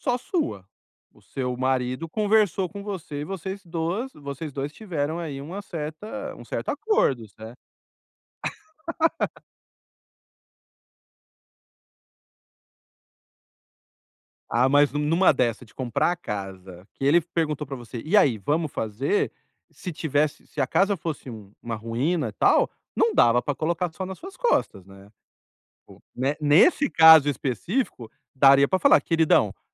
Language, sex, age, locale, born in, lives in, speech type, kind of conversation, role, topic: Portuguese, male, 45-49, pt-BR, Brazil, Spain, conversation, advice, advisor, Como posso avaliar o impacto das minhas ações na vida dos outros?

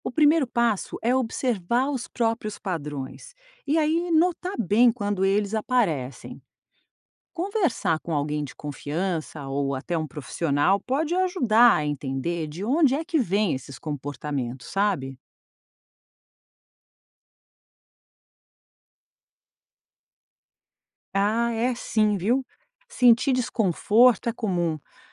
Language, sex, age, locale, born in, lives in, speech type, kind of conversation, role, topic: Portuguese, female, 50-54, pt-BR, Brazil, United States, read, expert-assistant, expert, Como as experiências da infância podem influenciar minhas escolhas hoje em dia?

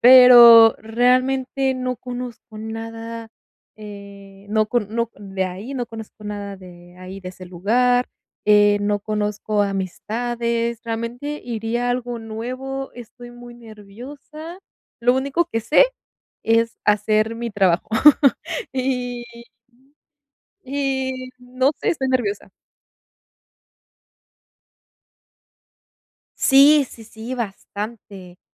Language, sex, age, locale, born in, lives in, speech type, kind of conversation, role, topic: Spanish, female, 20-24, es-MX, Mexico, Mexico, conversation, advice, user, ¿Cómo puedo manejar la ansiedad cuando enfrento lo desconocido?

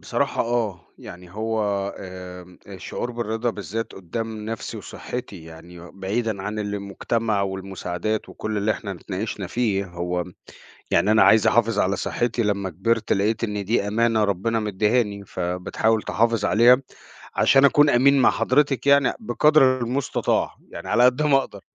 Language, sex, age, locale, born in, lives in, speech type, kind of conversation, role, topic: Arabic, male, 40-44, ar-EG, Egypt, United States, conversation, unstructured, none, إيه أكتر حاجة بتحسّسك بالرضا في حياتك؟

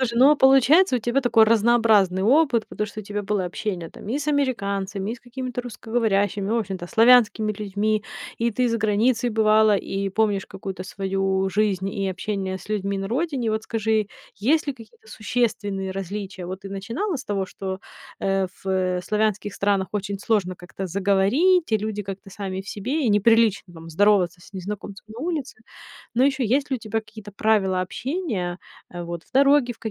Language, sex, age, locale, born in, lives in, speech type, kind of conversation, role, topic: Russian, female, 30-34, ru-RU, Ukraine, United States, conversation, podcast, host, Как вы научились лучше общаться с людьми в дороге?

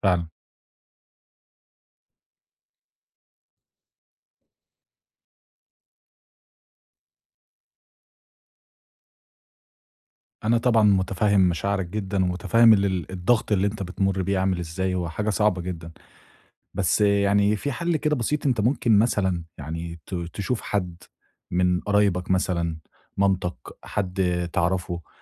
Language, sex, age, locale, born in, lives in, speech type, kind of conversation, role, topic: Arabic, male, 25-29, ar-EG, Egypt, Egypt, conversation, advice, advisor, إزاي أقدر أقلّل هدر الأكل في بيتي بشكل عملي؟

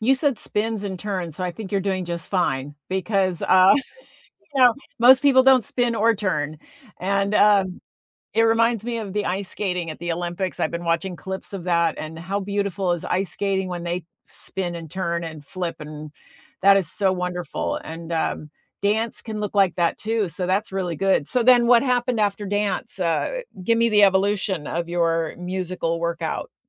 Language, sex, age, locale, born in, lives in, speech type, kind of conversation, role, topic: English, female, 60-64, en-US, United States, United States, conversation, unstructured, none, What’s one surprising way music can impact your workout?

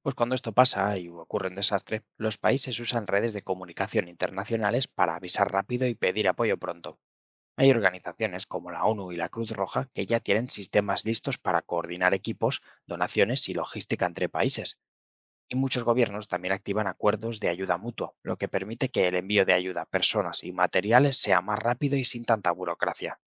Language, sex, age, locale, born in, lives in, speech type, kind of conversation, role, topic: Spanish, male, 25-29, es-ES, Spain, Spain, read, expert-assistant, expert, ¿De qué forma la cooperación internacional ayuda a enfrentar desastres naturales?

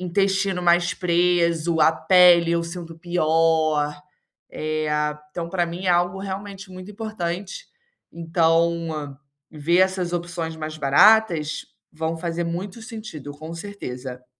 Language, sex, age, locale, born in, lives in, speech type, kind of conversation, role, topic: Portuguese, female, 25-29, pt-BR, Brazil, Spain, conversation, advice, user, Como posso economizar ao comprar alimentos saudáveis todos os meses?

